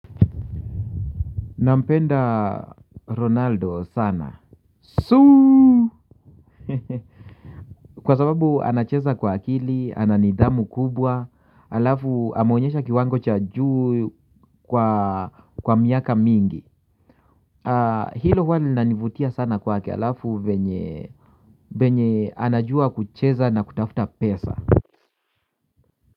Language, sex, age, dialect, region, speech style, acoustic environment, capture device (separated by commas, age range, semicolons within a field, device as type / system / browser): Swahili, male, 45-54, Kenya - Nairobi Sheng-influenced, Kenya, happy, nature, mobile / Linux / Chrome